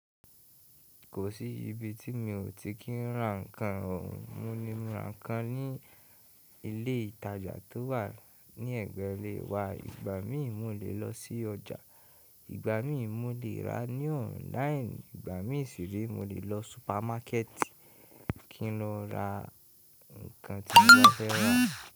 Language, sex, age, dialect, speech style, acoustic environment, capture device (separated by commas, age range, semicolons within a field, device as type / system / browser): Yoruba, male, 18-24, Nigeria - Ibadan, happy, people talking; appliances, mobile / Linux / Chrome